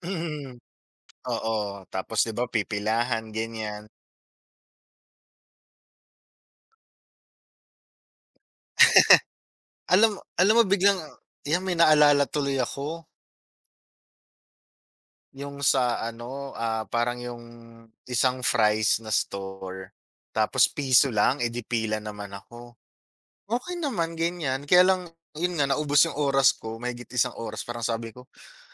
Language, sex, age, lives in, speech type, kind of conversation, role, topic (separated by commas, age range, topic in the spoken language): Filipino, male, 35-39, Philippines, conversation, unstructured, none, Ano ang reaksiyon mo kapag biglang tumaas ang presyo ng paborito mong pagkain?